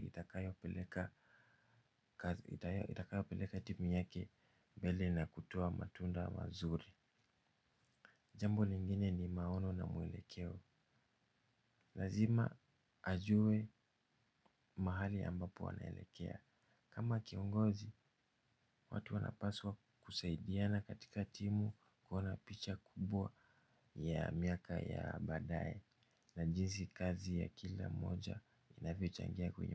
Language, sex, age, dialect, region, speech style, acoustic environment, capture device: Swahili, male, 25-34, Kenya - Nairobi Sheng-influenced, Kenya, relaxed; focused, silence, mobile / Linux / Chrome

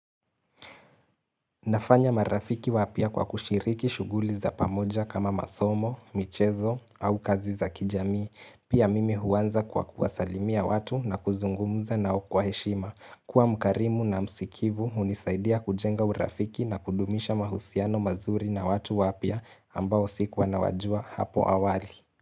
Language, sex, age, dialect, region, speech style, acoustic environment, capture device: Swahili, male, 18-24, Kenya - Mombasa, Kenya, relaxed; focused, silence, mobile / Linux / Chrome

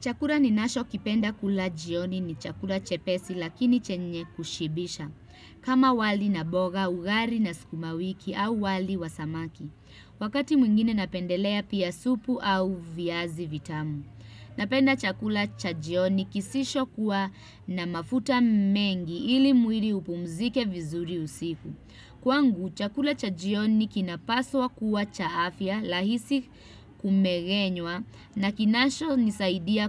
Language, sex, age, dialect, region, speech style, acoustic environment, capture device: Swahili, female, 25-34, Kenya - Nairobi Sheng-influenced, Kenya, happy, music, desktop / Windows / Chrome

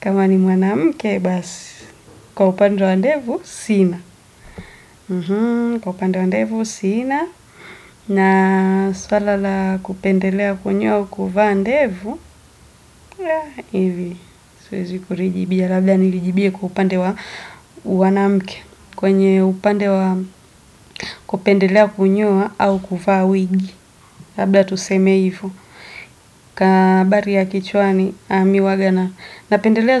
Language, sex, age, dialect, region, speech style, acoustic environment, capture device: Swahili, female, 25-34, Tanzania - Dar es Salaam, Tanzania, focused, silence, desktop / Windows / Edge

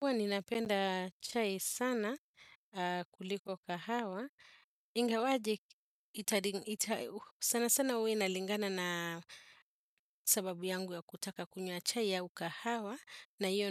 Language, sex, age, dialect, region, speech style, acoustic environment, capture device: Swahili, female, 35-44, Kenya - Nairobi Sheng-influenced, Kenya, relaxed, appliances, desktop / Windows / Chrome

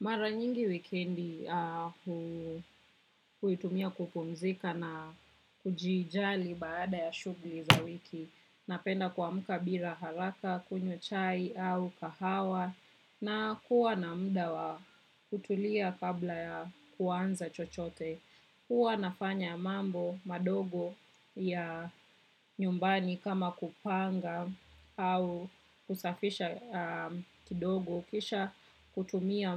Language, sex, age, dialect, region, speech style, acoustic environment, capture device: Swahili, female, 25-34, Kenya - Nairobi Sheng-influenced, Kenya, relaxed, silence, desktop / macOS / Chrome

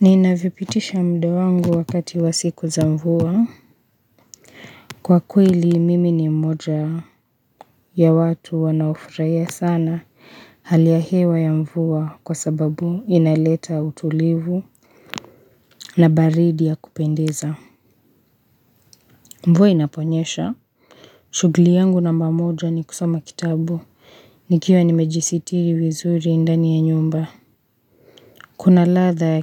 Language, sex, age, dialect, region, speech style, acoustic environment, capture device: Swahili, female, 25-34, Kenya - Nairobi Sheng-influenced, Kenya, tired; relaxed, nature, mobile / Linux / Chrome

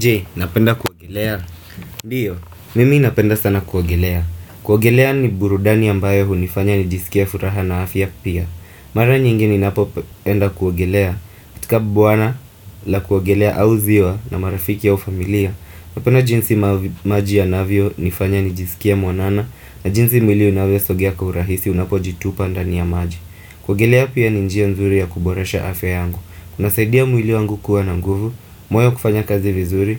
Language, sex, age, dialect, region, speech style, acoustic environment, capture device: Swahili, male, 25-34, Kenya - Mombasa, Kenya, focused, appliances; traffic, desktop / Windows / Firefox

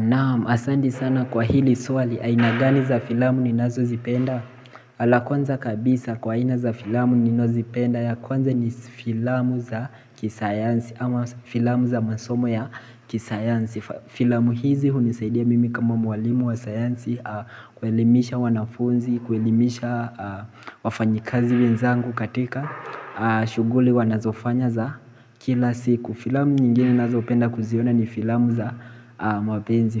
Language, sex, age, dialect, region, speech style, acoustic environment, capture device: Swahili, male, 18-24, Kenya - Mombasa, Kenya, relaxed, appliances; people talking, mobile / Linux / Chrome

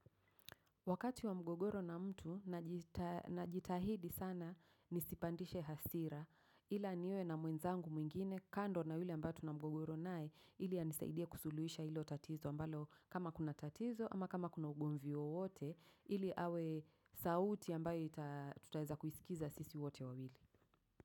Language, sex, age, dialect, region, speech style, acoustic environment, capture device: Swahili, female, 35-44, Kenya - Nairobi Sheng-influenced, Kenya, focused, traffic; silence, mobile / macOS / Safari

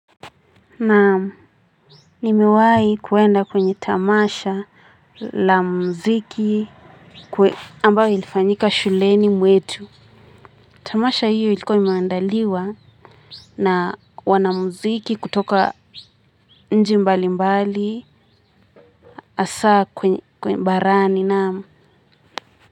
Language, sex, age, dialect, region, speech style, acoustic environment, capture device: Swahili, female, 18-24, Kenya - Nairobi Sheng-influenced, Kenya, happy, people talking; music; nature, mobile / Linux / Chrome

